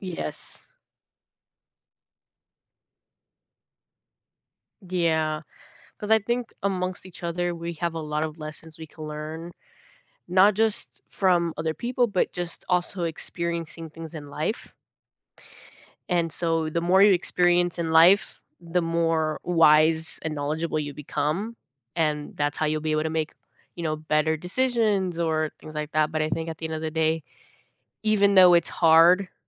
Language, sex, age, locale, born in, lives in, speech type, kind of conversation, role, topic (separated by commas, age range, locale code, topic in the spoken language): English, female, 18-19, en-US, United States, United States, conversation, unstructured, none, Why can making the right choice sometimes feel so challenging?